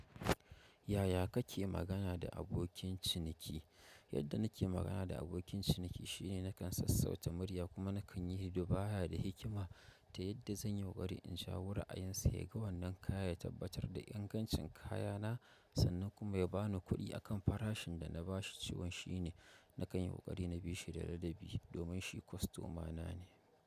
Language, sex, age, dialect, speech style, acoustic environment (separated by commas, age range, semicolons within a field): Hausa, male, 25-34, Nigeria - Kano, happy, nature